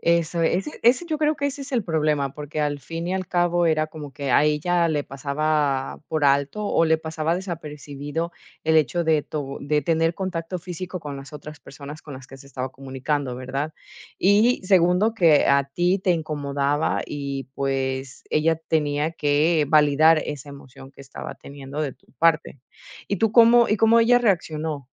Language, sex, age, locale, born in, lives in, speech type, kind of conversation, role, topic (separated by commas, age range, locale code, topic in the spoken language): Spanish, female, 40-44, es-EC, Ecuador, Netherlands, conversation, podcast, host, ¿Cómo manejas los desacuerdos con tus padres o con tu pareja?